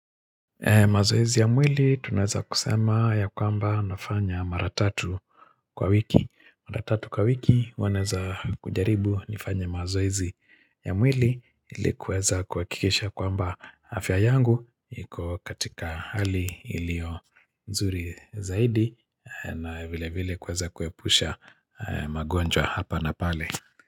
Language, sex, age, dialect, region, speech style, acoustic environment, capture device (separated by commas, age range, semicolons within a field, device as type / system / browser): Swahili, male, 45-54, Kenya - Nairobi Sheng-influenced, Kenya, happy; excited; focused; relaxed, nature; people talking; music, mobile / Linux / Chrome